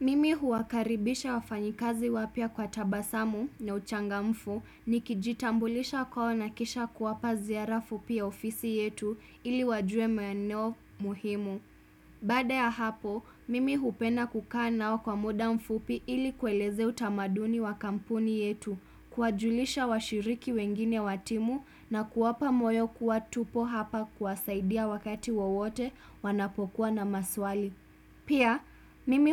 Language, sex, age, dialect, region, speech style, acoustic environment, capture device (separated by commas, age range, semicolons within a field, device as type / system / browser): Swahili, female, 25-34, Kenya - Mombasa, Kenya, happy; relaxed; excited; focused, silence, desktop / Windows / Chrome